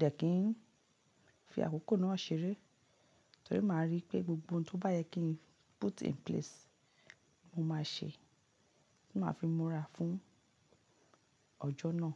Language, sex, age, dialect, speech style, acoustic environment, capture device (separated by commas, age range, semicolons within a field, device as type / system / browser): Yoruba, female, 35-44, Nigeria - Lagos Yoruba, relaxed, silence, mobile / Linux / Chrome